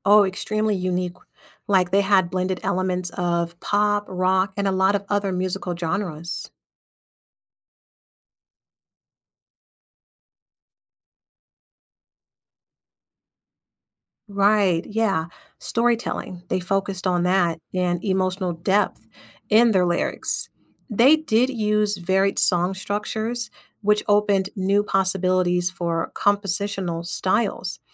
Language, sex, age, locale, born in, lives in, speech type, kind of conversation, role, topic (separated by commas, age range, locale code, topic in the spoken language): English, female, 30-34, en-US, United States, United States, read, expert-assistant, expert, What was the impact of The Beatles on modern music?